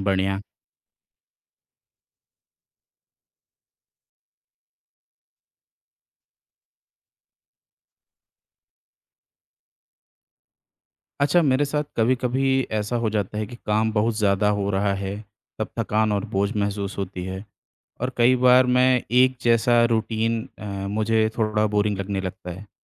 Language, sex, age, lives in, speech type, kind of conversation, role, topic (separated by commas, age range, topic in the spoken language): Hindi, male, 30-34, India, read, expert-assistant, asker, दैनिक दिनचर्या को व्यवस्थित कैसे करें?